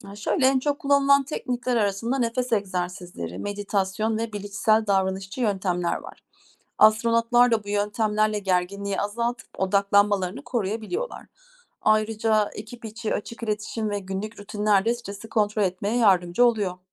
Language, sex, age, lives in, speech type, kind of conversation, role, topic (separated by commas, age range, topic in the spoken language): Turkish, female, 40-44, Germany, read, expert-assistant, expert, Uzaya gitmeyi herkes için daha kolay hale getirmek için neler yapılabilir?